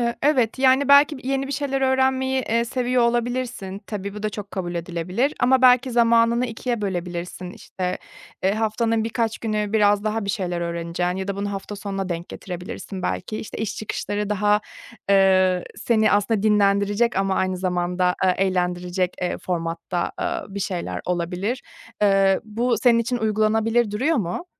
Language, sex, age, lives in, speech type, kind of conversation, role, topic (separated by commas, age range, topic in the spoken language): Turkish, female, 30-34, Germany, conversation, advice, advisor, Günlük okuma veya öğrenme alışkanlığı geliştirmekte neden zorlanıyorsun?